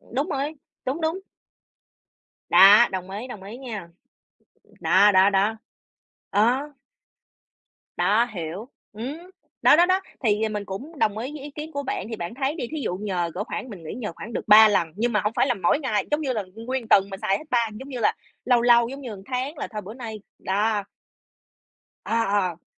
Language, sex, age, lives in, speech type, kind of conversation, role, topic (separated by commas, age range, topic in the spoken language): Vietnamese, female, 30-34, United States, conversation, unstructured, none, Bạn nghĩ thế nào về việc giúp đỡ người khác mà không mong nhận lại điều gì?